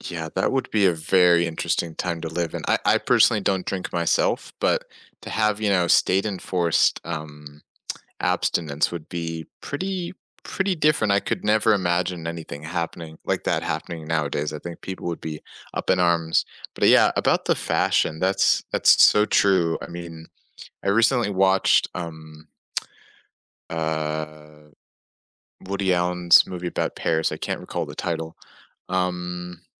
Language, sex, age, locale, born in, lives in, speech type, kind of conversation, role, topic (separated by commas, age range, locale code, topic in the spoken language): English, male, 20-24, en-US, United States, United States, conversation, unstructured, none, What do you think life was like 100 years ago?